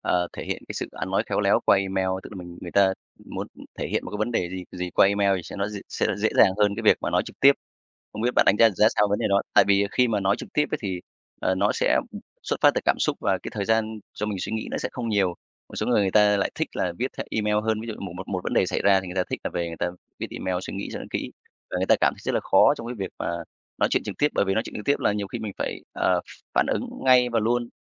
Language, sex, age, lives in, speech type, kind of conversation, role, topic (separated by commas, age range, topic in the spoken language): Vietnamese, male, 35-39, Vietnam, conversation, podcast, host, Bạn thấy văn hóa “khéo ăn khéo nói” ảnh hưởng đến việc nói thật thế nào?